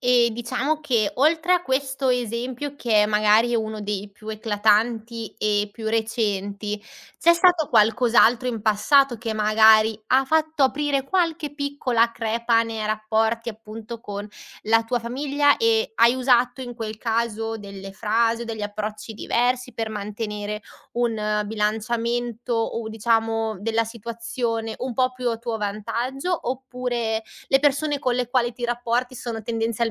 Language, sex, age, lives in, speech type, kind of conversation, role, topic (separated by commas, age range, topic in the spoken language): Italian, female, 25-29, Italy, conversation, podcast, host, Cosa puoi fare quando la tua famiglia non approva le tue scelte?